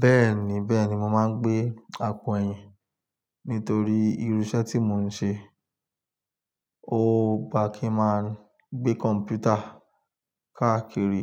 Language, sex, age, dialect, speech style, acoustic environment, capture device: Yoruba, male, 25-34, Nigeria - Lagos Yoruba, focused; stressed, nature; silence, desktop / Linux / Chrome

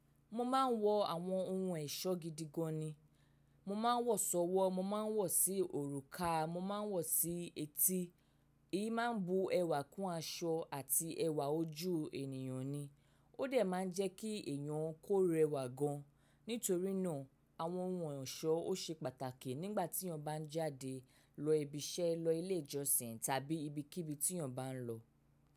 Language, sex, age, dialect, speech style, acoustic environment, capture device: Yoruba, female, 25-34, Nigeria - Lagos Yoruba, focused; relaxed, silence, mobile / macOS / Safari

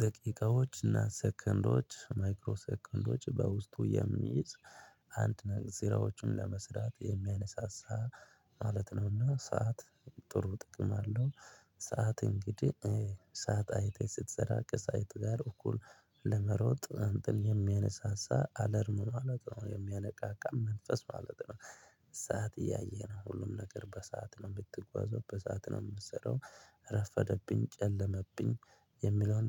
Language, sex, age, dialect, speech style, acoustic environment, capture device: Amharic, male, 25-34, Ethiopia - Addis Ababa, happy, people talking; traffic; nature, mobile / Linux / Chrome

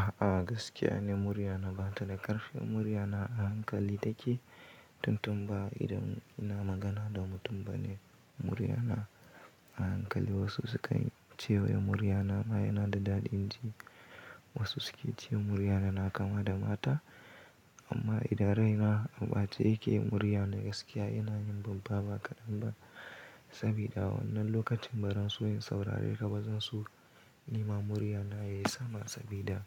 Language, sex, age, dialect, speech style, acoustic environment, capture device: Hausa, male, 18-24, Nigeria - Kano, happy; anxious, nature; silence, mobile / Linux / Chrome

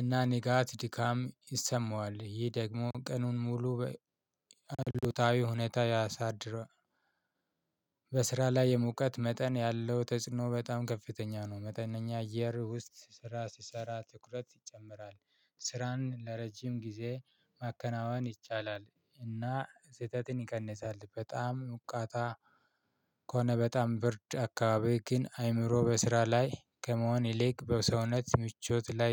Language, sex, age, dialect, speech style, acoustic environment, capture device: Amharic, male, 25-34, Ethiopia - Addis Ababa, excited, silence, mobile / Linux / Chrome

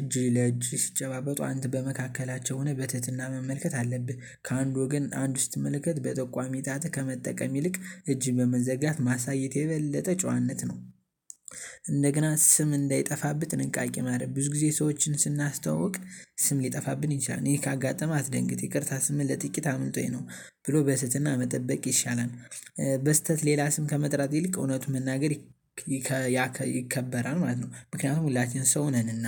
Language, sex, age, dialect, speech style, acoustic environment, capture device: Amharic, male, 18-24, Ethiopia - Gondar, happy; excited; focused, nature; silence, mobile / Linux / Chrome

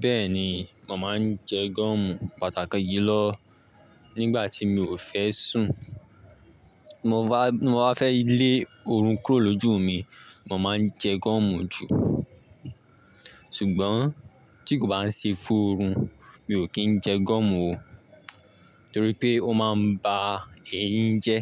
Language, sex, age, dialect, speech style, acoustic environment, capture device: Yoruba, male, 18-24, Nigeria - Lagos Yoruba, relaxed, silence, mobile / Linux / Chrome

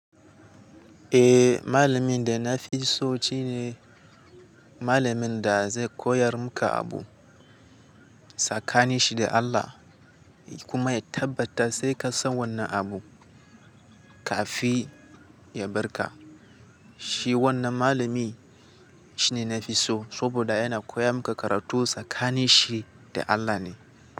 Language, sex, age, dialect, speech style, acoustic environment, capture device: Hausa, male, 18-24, Nigeria - Katsina, excited, nature, mobile / Linux / Chrome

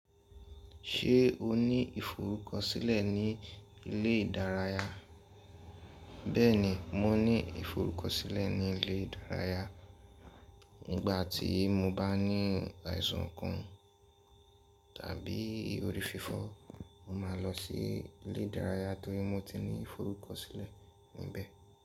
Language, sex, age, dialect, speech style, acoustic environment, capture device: Yoruba, male, 18-24, Nigeria - Lagos Yoruba, focused, appliances, mobile / Linux / Chrome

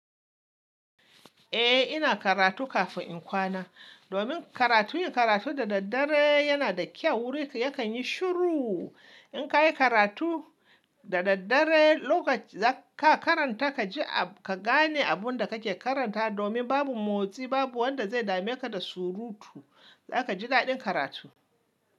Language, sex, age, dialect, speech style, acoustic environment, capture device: Hausa, male, 25-34, Nigeria - Kano, excited, appliances, mobile / Linux / Chrome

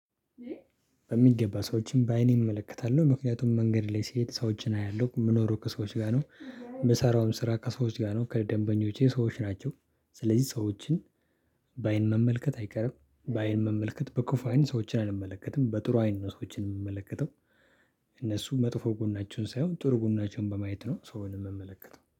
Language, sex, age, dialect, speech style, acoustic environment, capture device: Amharic, male, 25-34, Ethiopia - Addis Ababa, happy, people talking; silence, desktop / Linux / Chrome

